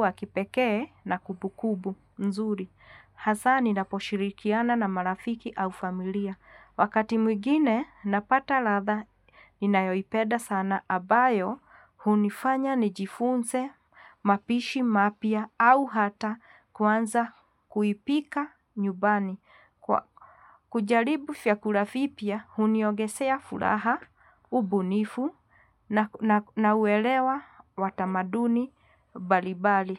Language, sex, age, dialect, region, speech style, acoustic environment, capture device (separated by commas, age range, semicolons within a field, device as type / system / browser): Swahili, female, 45-54, Kenya - Nairobi Sheng-influenced, Kenya, relaxed, silence, mobile / Linux / Chrome